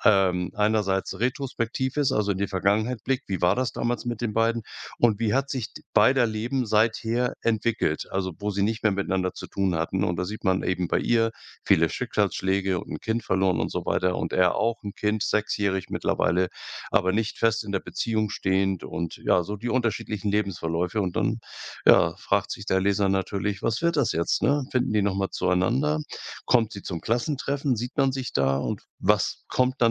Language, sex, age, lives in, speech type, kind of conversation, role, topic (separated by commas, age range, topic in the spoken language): German, male, 65-69, Germany, conversation, podcast, guest, Kannst du von einem deiner liebsten kreativen Projekte erzählen?